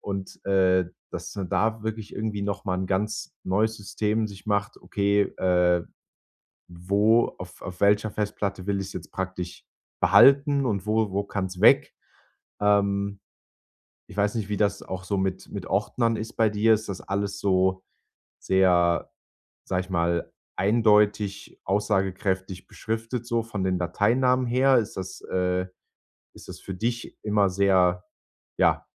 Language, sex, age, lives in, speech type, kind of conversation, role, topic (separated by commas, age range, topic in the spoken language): German, male, 25-29, Germany, conversation, advice, advisor, Digitale Dateien und Ordner aufräumen